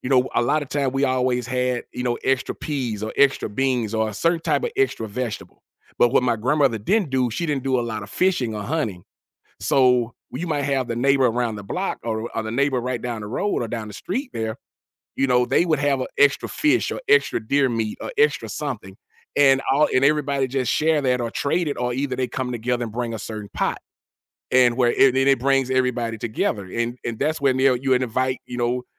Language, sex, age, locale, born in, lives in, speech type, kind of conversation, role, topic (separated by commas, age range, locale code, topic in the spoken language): English, male, 45-49, en-US, United States, United States, conversation, podcast, guest, Why do shared meals play such an important role in building relationships?